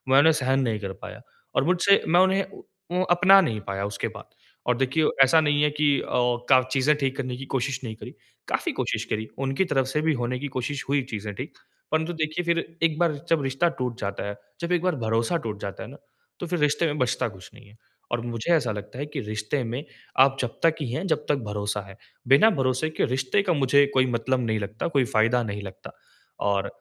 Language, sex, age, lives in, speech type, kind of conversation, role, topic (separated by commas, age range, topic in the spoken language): Hindi, male, 20-24, India, conversation, advice, user, टूटने के बाद नए रिश्ते में आत्म-देखभाल और व्यक्तिगत सीमाएँ कैसे तय करूँ?